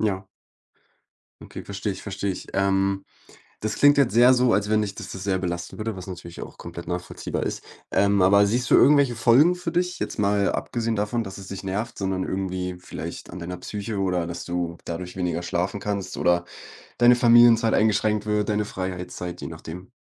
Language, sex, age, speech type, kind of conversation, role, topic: German, male, 20-24, conversation, advice, advisor, Wie kann ich damit umgehen, dass mein Chef und meine Kollegen außerhalb der Arbeitszeit ständige Erreichbarkeit erwarten?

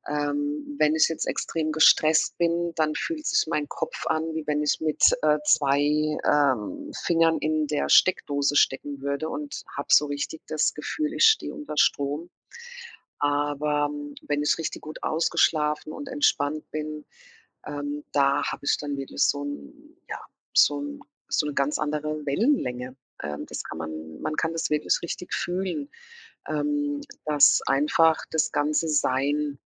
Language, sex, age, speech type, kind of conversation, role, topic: German, female, 50-54, conversation, podcast, guest, Wie entspannst du dich nach einem anstrengenden Tag?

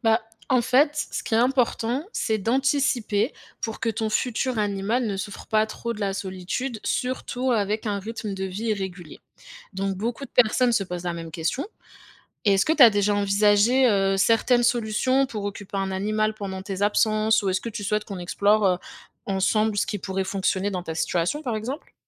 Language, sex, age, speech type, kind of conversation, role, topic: French, female, 25-29, read, expert-assistant, expert, Quel animal de compagnie choisir quand on vit en ville avec un emploi du temps chargé ?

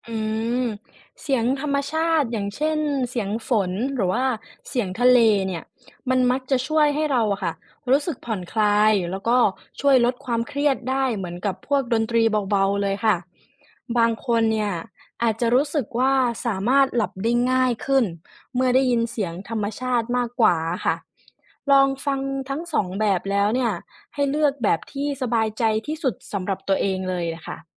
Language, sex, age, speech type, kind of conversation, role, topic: Thai, female, 20-24, read, expert-assistant, expert, ผลของการใช้โทรศัพท์มือถือก่อนนอนต่อคุณภาพการนอนหลับ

